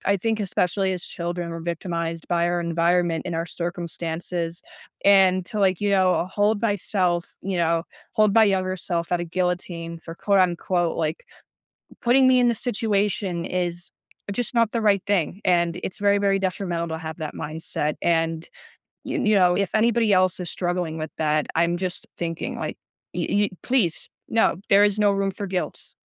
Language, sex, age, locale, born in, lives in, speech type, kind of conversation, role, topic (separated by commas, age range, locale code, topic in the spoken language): English, other, 20-24, en-US, United States, United States, conversation, podcast, guest, What important lessons have you learned that you wish you knew earlier in life?